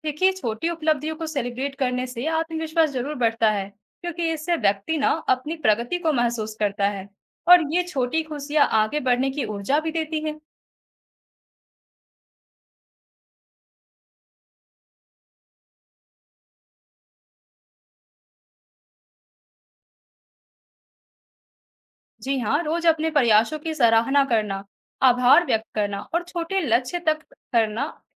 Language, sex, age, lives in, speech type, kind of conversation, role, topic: Hindi, female, 20-24, India, read, expert-assistant, expert, क्या यह मिथक है कि करियर बदलना एक असफलता मानी जाती है?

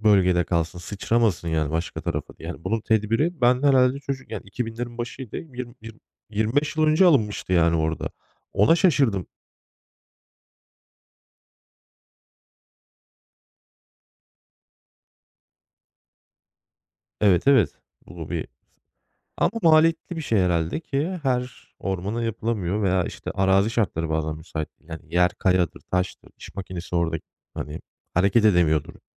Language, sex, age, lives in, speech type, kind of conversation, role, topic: Turkish, male, 35-39, Germany, conversation, unstructured, none, Medyanın korku yaratma gibi bir amacı var mı?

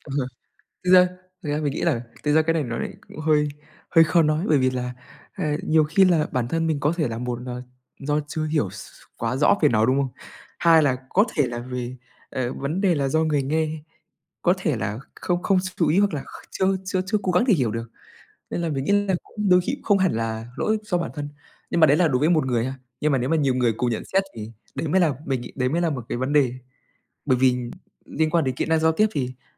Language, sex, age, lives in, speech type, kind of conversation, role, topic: Vietnamese, male, 20-24, Vietnam, conversation, unstructured, none, Bạn tiếp cận việc phát triển kỹ năng giao tiếp như thế nào?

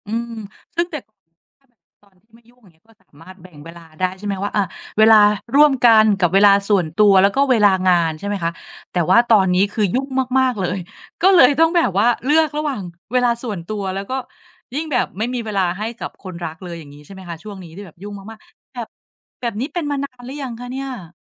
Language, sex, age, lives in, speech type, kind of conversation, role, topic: Thai, female, 45-49, Thailand, conversation, advice, advisor, ทำไมฉันถึงไม่มีเวลาได้อยู่กับครอบครัวหรือคนรักมากพอ?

